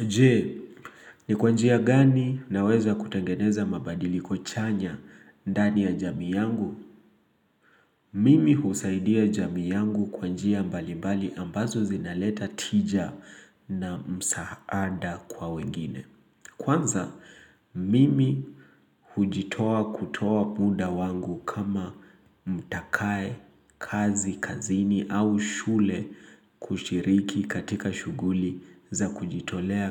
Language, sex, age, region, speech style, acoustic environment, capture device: Swahili, male, 25-34, Kenya, excited, nature, mobile / Linux / Chrome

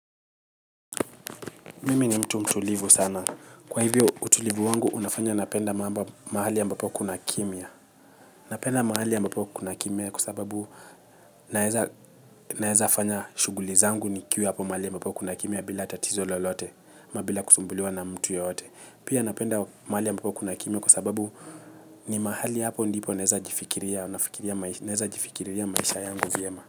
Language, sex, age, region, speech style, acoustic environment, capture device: Swahili, male, 25-34, Kenya, excited, silence, mobile / Linux / Chrome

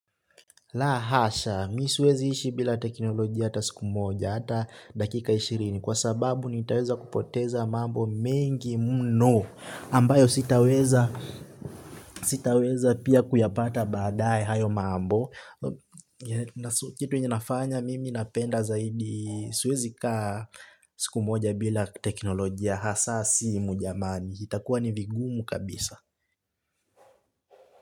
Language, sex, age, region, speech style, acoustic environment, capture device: Swahili, male, 18-24, Kenya, relaxed, nature; silence, desktop / Linux / Chrome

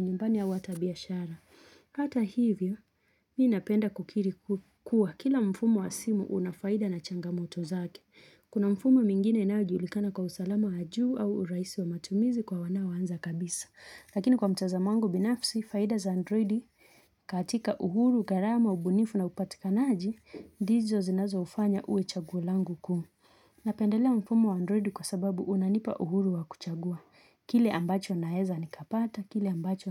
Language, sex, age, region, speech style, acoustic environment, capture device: Swahili, female, 25-34, Kenya, relaxed, nature; silence, mobile / Linux / Chrome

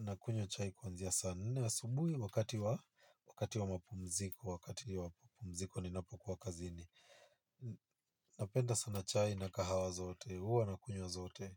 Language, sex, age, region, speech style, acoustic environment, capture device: Swahili, male, 25-34, Kenya, focused; happy, nature, mobile / Linux / Chrome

